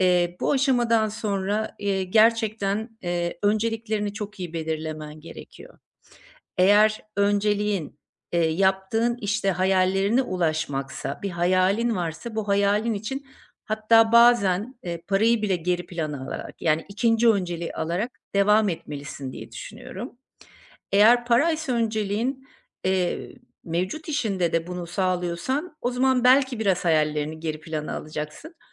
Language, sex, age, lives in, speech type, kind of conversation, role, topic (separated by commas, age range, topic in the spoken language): Turkish, female, 50-54, Portugal, conversation, advice, advisor, İşten ayrılma zamanının gelip gelmediğini nasıl anlayabilirim?